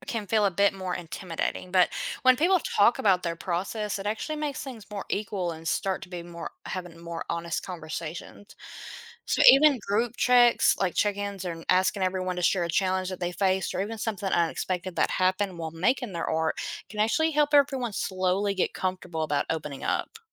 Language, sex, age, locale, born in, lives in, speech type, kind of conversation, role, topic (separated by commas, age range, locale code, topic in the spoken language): English, female, 25-29, en-US, United States, United States, read, expert-assistant, expert, Imagine if every person had to create a piece of art each month.